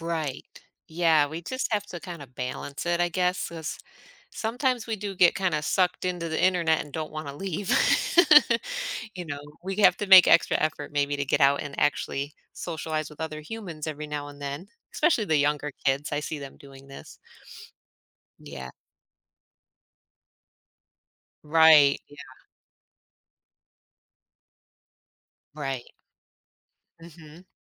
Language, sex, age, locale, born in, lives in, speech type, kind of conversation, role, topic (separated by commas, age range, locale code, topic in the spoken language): English, female, 40-44, en-US, United States, United States, conversation, podcast, host, How has technology changed the way we form and maintain friendships?